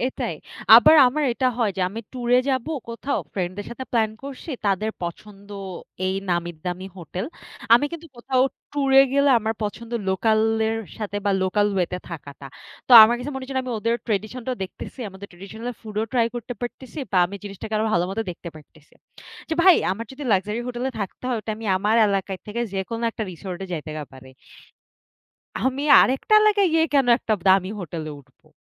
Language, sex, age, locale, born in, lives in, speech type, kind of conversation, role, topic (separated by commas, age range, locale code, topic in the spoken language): Bengali, female, 20-24, bn-BD, Bangladesh, Bangladesh, conversation, unstructured, none, ছুটির দিনে তুমি কোনটা বেশি পছন্দ করো—ঘুরতে যাওয়া নাকি বাড়িতে থাকা?